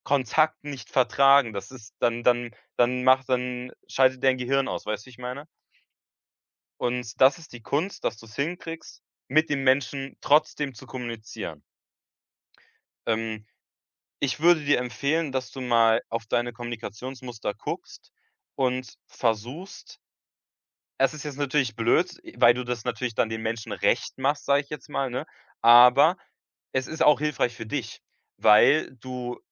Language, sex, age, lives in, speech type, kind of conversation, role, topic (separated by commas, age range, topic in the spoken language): German, male, 18-19, Germany, conversation, advice, advisor, Wie finde ich ein Gleichgewicht zwischen Authentizität und Anpassung in Beziehungen?